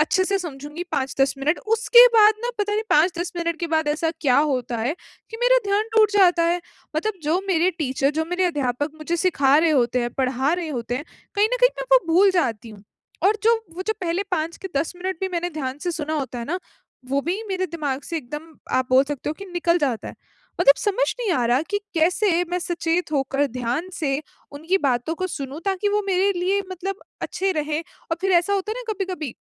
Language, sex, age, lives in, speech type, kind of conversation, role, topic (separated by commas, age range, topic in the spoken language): Hindi, female, 25-29, India, conversation, advice, user, मैं किसी को सक्रिय और सचेत तरीके से कैसे सुन सकता/सकती हूँ?